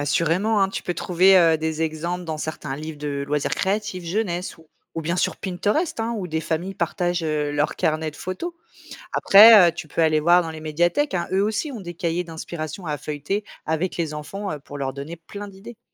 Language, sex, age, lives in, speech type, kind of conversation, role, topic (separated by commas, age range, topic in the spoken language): French, female, 35-39, France, read, expert-assistant, expert, Comment peut-on apprendre à fabriquer un objet traditionnel comme une marionnette ou un panier en osier ?